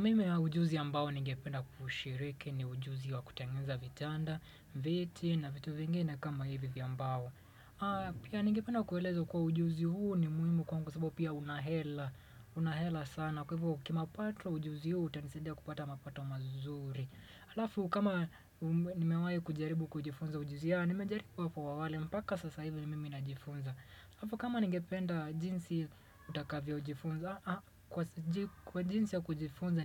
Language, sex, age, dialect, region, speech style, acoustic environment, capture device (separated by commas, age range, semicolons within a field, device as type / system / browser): Swahili, male, 25-34, Kenya - Mombasa, Kenya, relaxed, silence, desktop / Windows / Chrome